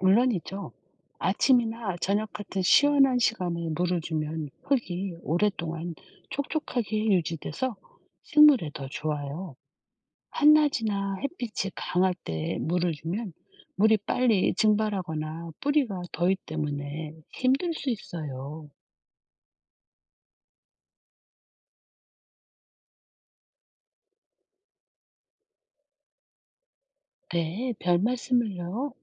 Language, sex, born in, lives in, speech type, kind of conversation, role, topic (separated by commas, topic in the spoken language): Korean, female, South Korea, South Korea, read, expert-assistant, expert, 왜 식물은 햇빛이 필요하나요?